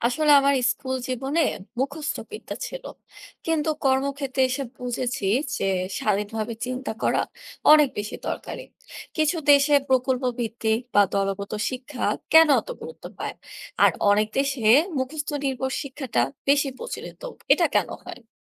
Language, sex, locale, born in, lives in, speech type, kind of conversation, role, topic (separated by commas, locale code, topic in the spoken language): Bengali, female, bn-BD, Bangladesh, Bangladesh, read, expert-assistant, asker, কেনো কিছু দেশ তাদের শিক্ষাব্যবস্থায় সৃজনশীলতা ও স্বাধীন চিন্তাকে বেশি গুরুত্ব দেয়, আর কিছু দেশে মুখস্থভিত্তিক শিক্ষা বেশি প্রচলিত?